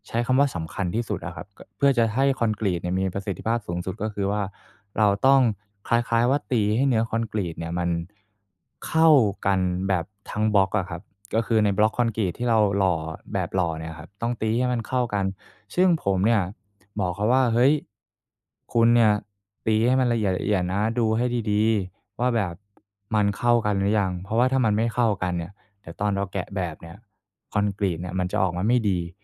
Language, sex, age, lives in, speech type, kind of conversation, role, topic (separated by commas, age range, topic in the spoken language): Thai, male, 25-29, Thailand, conversation, advice, user, ฉันควรให้คำติชมเพื่อนร่วมงานอย่างไรให้เขาไม่รู้สึกเสียใจ?